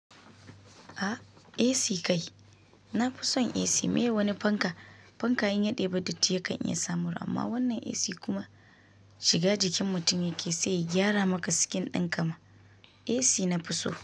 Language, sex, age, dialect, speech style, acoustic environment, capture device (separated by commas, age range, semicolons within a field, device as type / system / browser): Hausa, female, 25-34, Nigeria - Kano, focused, nature, mobile / Linux / Chrome